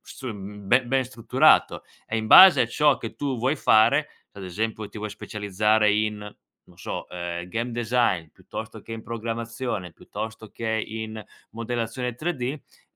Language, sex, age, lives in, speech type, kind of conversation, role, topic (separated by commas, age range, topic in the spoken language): Italian, male, 25-29, Italy, conversation, podcast, guest, Come trasformi un hobby in una vera competenza utile?